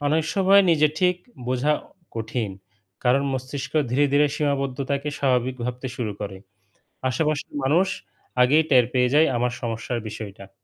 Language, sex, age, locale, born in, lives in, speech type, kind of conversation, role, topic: Bengali, male, 35-39, bn-BD, Bangladesh, Bangladesh, read, expert-assistant, expert, ঘুমের অভাবকে কীভাবে স্মার্টফোনের চার্জ ফুরিয়ে যাওয়ার সাথে তুলনা করা যায়?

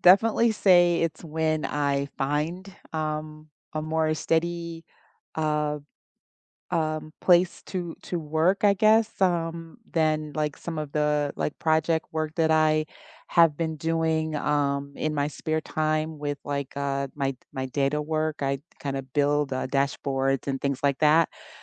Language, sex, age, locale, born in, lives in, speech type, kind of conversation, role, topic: English, female, 50-54, en-US, United States, United States, conversation, unstructured, none, Which places do you hope to visit within the next year?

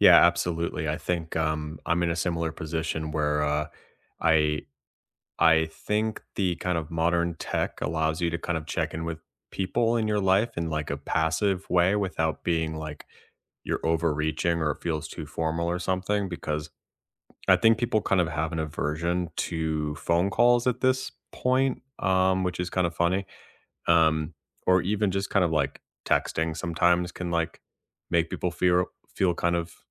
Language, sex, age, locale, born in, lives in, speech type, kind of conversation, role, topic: English, male, 30-34, en-US, United States, United States, conversation, unstructured, none, How do you keep friendships strong when life gets busy, and what simple habits help you stay connected?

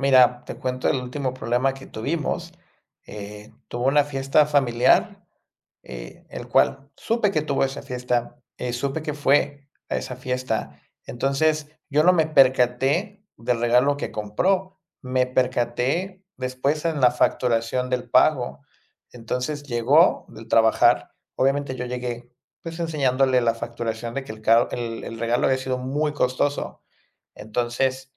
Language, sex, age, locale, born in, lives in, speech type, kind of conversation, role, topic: Spanish, male, 30-34, es-MX, Mexico, Mexico, conversation, advice, user, ¿Con quién sueles discutir por dinero y qué temas lo detonan con más frecuencia?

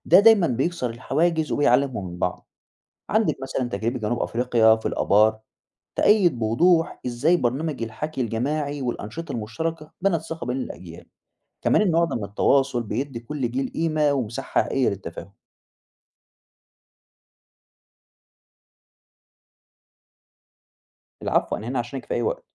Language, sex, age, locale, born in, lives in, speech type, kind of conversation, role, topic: Arabic, male, 20-24, ar-EG, Egypt, Egypt, read, expert-assistant, expert, إزاي الحدود بين البلاد ممكن تتغير مع الوقت، وليه ده بيحصل؟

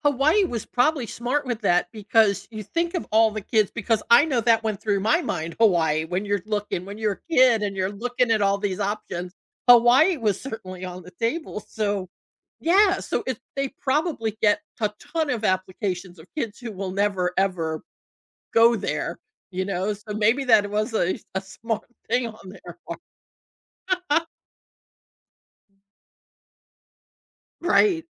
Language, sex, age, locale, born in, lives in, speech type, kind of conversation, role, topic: English, female, 60-64, en-US, United States, United States, conversation, podcast, host, How do you decide between two good options?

